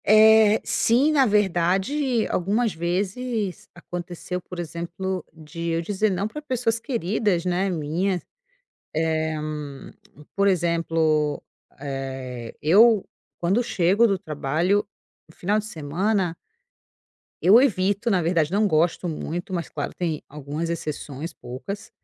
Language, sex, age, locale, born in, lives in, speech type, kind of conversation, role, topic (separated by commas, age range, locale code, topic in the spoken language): Portuguese, female, 35-39, pt-BR, Brazil, Italy, conversation, podcast, guest, Como você pratica o autocuidado sem culpa?